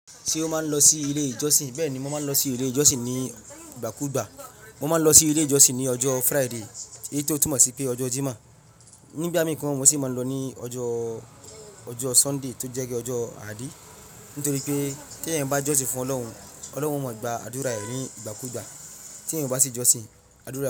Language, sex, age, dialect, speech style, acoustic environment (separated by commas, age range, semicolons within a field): Yoruba, male, 25-34, Nigeria - Ibadan, happy; relaxed, traffic; silence